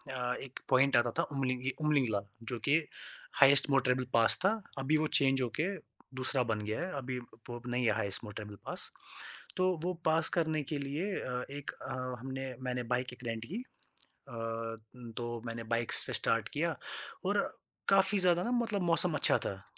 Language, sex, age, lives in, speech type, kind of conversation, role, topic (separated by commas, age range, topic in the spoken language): Hindi, male, 20-24, India, conversation, podcast, guest, Apne solo safar mein ki gayi galtiyon se tumhe sabse badi seekh kya mili?